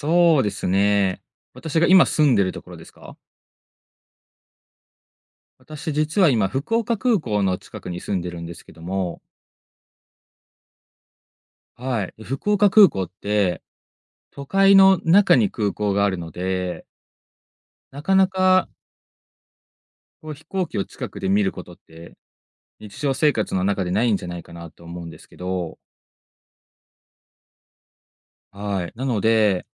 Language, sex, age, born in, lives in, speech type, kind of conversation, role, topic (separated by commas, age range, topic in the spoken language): Japanese, male, 25-29, Japan, Japan, conversation, podcast, guest, お金をかけずに楽しむためのおすすめのアイデアはありますか？